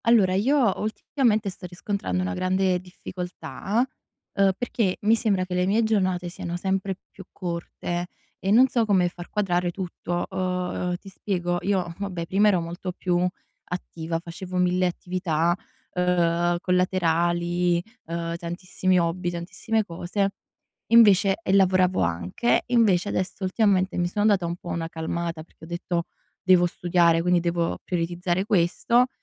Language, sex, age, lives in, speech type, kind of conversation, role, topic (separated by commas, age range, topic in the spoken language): Italian, female, 20-24, Italy, conversation, advice, user, Come posso bilanciare il tempo libero con gli impegni quotidiani?